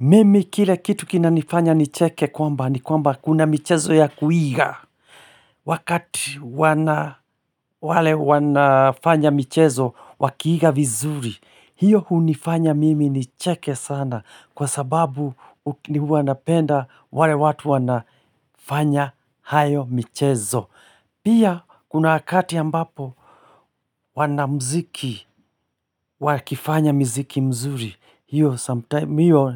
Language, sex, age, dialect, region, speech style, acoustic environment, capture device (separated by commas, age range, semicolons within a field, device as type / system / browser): Swahili, male, 45-54, Kenya - Nairobi Sheng-influenced, Kenya, relaxed, silence, mobile / Linux / Chrome